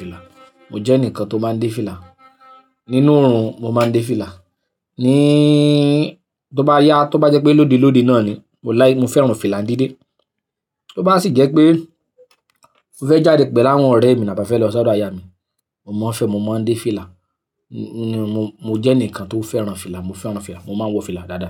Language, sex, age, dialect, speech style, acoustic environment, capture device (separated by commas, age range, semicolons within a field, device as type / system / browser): Yoruba, male, 25-34, Nigeria - Ibadan, anxious; happy, nature, mobile / Linux / Chrome